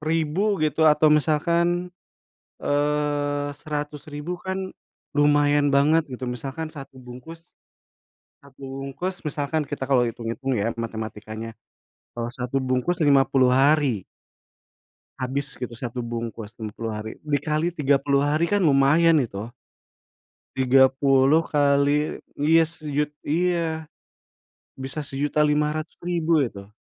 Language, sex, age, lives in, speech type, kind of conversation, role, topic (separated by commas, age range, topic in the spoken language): Indonesian, male, 40-44, Indonesia, conversation, unstructured, none, Bagaimana cara meyakinkan teman untuk berhenti merokok demi kesehatan mereka?